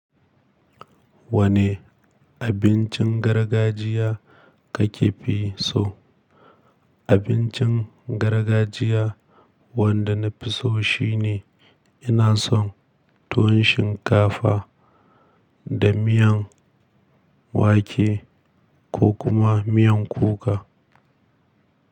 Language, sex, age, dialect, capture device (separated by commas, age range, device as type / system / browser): Hausa, male, 25-34, Nigeria - Kano, mobile / Linux / Chrome